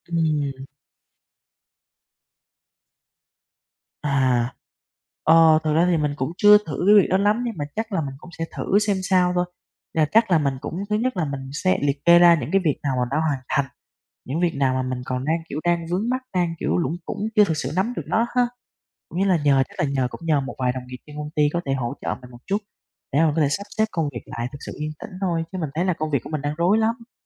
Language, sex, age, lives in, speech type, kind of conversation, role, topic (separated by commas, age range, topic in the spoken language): Vietnamese, male, 20-24, Vietnam, conversation, advice, user, Tại sao tôi không thể thư giãn ở nhà sau giờ làm?